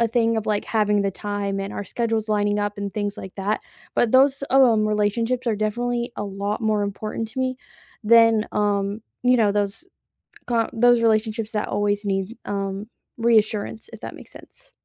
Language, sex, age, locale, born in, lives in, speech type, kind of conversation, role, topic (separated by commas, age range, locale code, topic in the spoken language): English, female, 20-24, en-US, United States, United States, conversation, unstructured, none, What do you think about sharing passwords in a relationship?